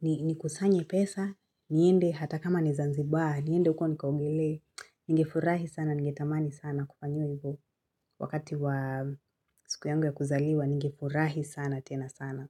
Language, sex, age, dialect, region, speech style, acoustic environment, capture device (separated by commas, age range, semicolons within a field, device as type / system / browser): Swahili, female, 25-34, Kenya - Nairobi Sheng-influenced, Kenya, focused, silence, mobile / Linux / Chrome